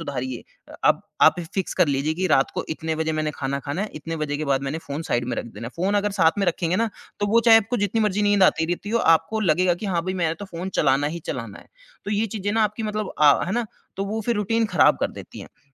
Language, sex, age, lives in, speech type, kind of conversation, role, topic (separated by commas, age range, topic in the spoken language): Hindi, male, 30-34, India, conversation, advice, advisor, मैं स्वस्थ दिनचर्या छोड़कर पुरानी बुरी आदतों पर फिर से क्यों लौट आता हूँ?